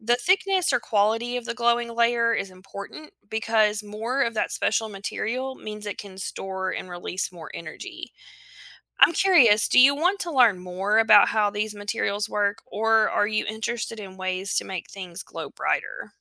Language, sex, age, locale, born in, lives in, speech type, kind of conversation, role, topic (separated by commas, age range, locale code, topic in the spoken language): English, female, 35-39, en-US, United States, United States, read, expert-assistant, expert, Why do some objects glow in the dark?